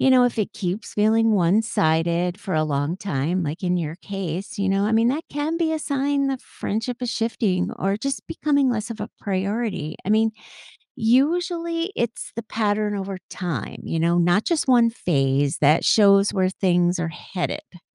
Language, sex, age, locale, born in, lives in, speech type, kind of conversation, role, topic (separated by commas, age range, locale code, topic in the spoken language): English, female, 55-59, en-US, United States, United States, read, expert-assistant, expert, How do you decide which friendships are worth investing more time and energy into?